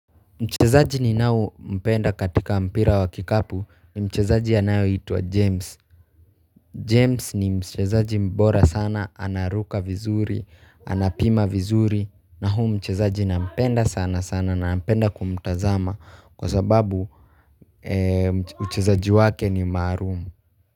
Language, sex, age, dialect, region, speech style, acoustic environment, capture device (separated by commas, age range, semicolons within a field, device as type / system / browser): Swahili, male, 18-24, Kenya - Nairobi Sheng-influenced, Kenya, happy, people talking; traffic, mobile / Linux / Chrome